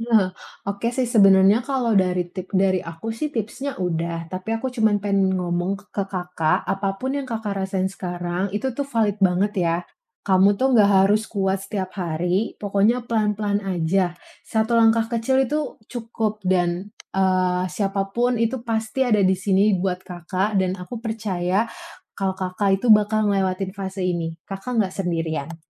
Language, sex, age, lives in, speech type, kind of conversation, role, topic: Indonesian, female, 20-24, Indonesia, conversation, advice, advisor, Bagaimana pikiran yang berulang membuat Anda sulit tidur?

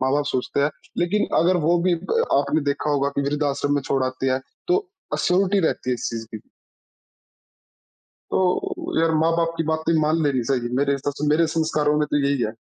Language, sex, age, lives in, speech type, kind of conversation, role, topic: Hindi, male, 20-24, India, conversation, unstructured, none, परिवार में सबसे बड़ा झगड़ा आमतौर पर किस बात पर होता है?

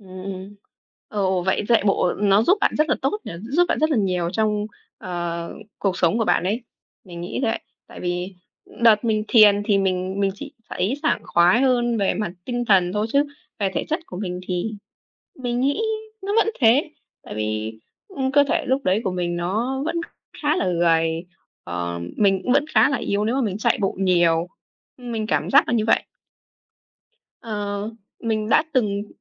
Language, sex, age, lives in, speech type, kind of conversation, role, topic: Vietnamese, female, 18-19, Vietnam, conversation, unstructured, none, Bạn thường bắt đầu ngày mới với thói quen gì?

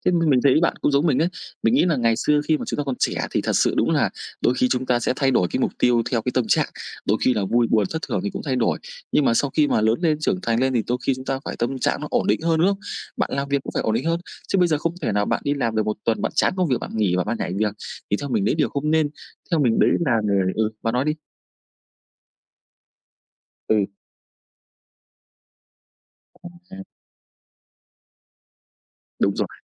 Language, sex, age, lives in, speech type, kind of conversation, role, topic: Vietnamese, male, 25-29, Vietnam, conversation, unstructured, none, Bạn nghĩ gì về việc đặt mục tiêu cá nhân?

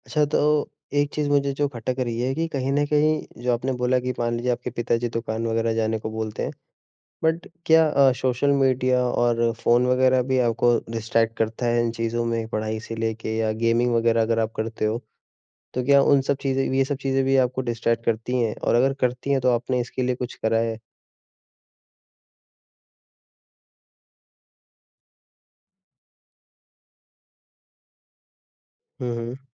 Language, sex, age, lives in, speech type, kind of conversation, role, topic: Hindi, male, 20-24, India, conversation, advice, advisor, मुझे रोज़ाना पढ़ने की आदत डालने में असफलता क्यों महसूस होती है?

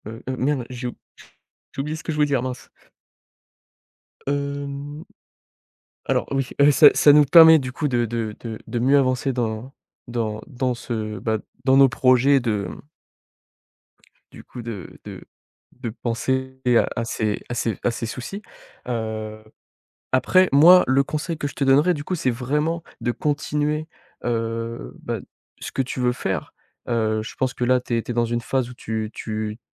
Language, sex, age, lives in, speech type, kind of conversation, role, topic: French, male, 18-19, France, conversation, advice, advisor, Comment gérer la peur d’échouer après avoir beaucoup investi personnellement dans un projet ?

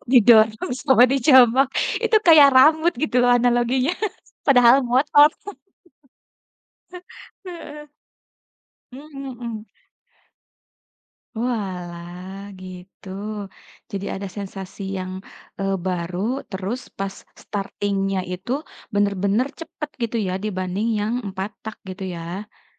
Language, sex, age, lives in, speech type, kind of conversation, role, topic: Indonesian, female, 35-39, Indonesia, conversation, podcast, host, Hobi apa yang paling kamu suka saat ini?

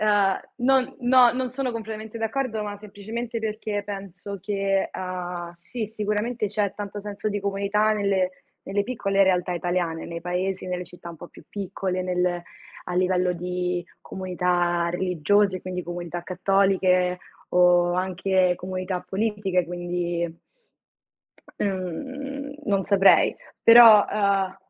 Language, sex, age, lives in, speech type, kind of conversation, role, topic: Italian, female, 20-24, Italy, conversation, unstructured, none, Che cosa ti rende orgoglioso del tuo paese?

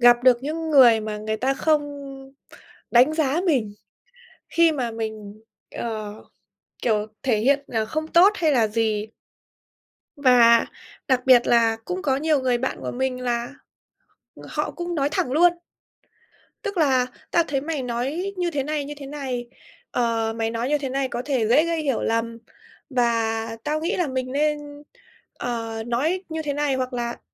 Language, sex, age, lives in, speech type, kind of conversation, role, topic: Vietnamese, female, 25-29, Vietnam, conversation, podcast, guest, Bạn vượt qua nỗi sợ bị đánh giá như thế nào khi bày tỏ bản thân?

